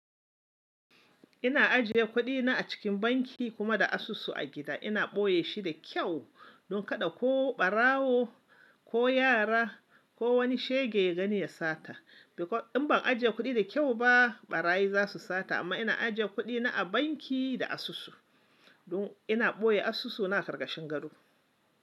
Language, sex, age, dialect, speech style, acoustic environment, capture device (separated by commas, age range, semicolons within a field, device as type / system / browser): Hausa, male, 25-34, Nigeria - Kano, excited, appliances, mobile / Linux / Chrome